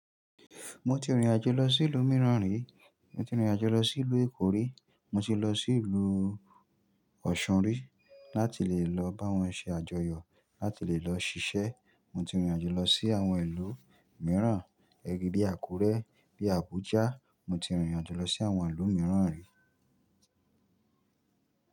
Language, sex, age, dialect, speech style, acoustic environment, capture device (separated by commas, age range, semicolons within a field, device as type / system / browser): Yoruba, male, 25-34, Nigeria - Nigerian Standard, happy; excited; focused, nature, mobile / Linux / Chrome